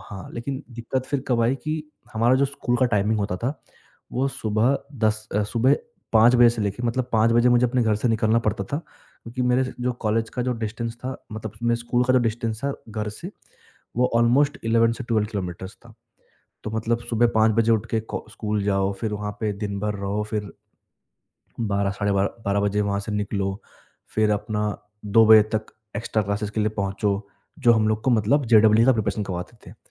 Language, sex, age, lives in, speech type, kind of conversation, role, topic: Hindi, male, 20-24, India, conversation, podcast, guest, Jaldbazi mein liya gaya decision aur uska nateeja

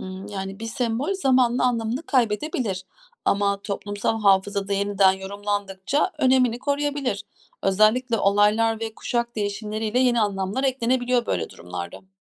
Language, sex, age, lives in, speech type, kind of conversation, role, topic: Turkish, female, 40-44, Germany, read, expert-assistant, expert, Tek bir liderin olduğu ülkelerde işler daha mı hızlı çözülür, yoksa bu bir efsane mi?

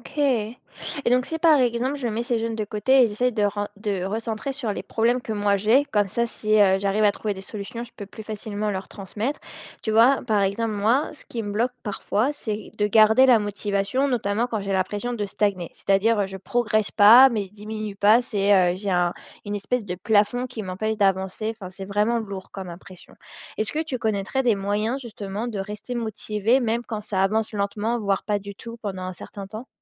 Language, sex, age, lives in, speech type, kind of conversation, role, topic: French, female, 25-29, France, read, expert-assistant, asker, Peut-on vraiment réaliser ses rêves si on vient d’un milieu moins favorisé ?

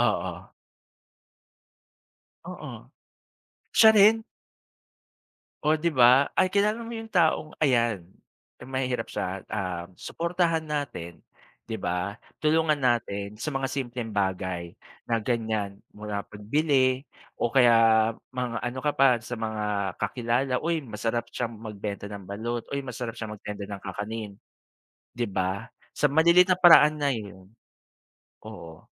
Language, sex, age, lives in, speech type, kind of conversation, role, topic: Filipino, male, 40-44, Philippines, conversation, unstructured, none, Ano ang masasabi mo tungkol sa hindi patas na pagtrato sa mga mahihirap?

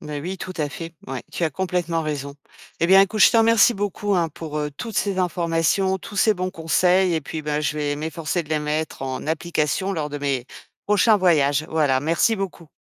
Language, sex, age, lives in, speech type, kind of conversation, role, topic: French, female, 50-54, France, read, expert-assistant, asker, Comment voyager peut-il m’aider à mieux comprendre les autres et à évoluer personnellement ?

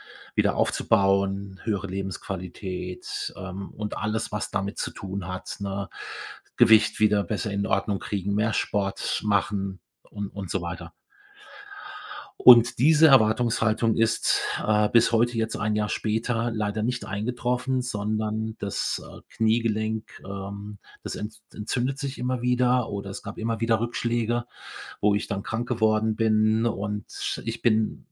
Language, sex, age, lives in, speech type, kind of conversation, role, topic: German, male, 55-59, Germany, conversation, podcast, guest, Wie gehst du mit Rückschlägen um?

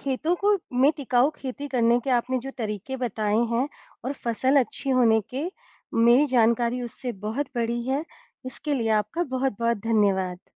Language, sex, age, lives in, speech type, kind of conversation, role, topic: Hindi, female, 40-44, India, read, expert-assistant, asker, हम अपने खेतों में टिकाऊ खेती के कौन-कौन से नए तरीके आज़मा सकते हैं, जिससे फसल अच्छी भी हो और ज़मीन भी स्वस्थ रहे?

